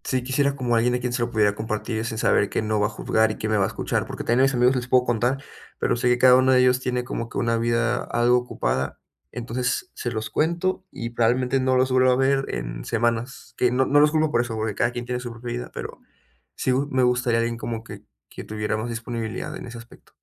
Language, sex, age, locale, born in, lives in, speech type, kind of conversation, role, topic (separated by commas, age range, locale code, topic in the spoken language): Spanish, male, 20-24, es-MX, Mexico, Mexico, conversation, advice, user, ¿Cómo puedo crear conexiones más significativas con mis conocidos?